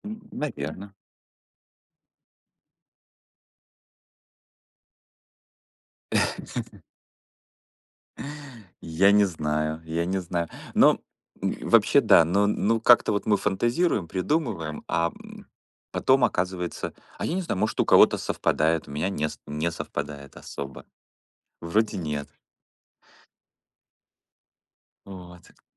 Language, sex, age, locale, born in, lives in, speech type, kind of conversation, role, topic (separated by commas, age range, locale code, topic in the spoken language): Russian, male, 45-49, ru-RU, Ukraine, United States, conversation, unstructured, none, Как интернет изменил твоё общение с людьми?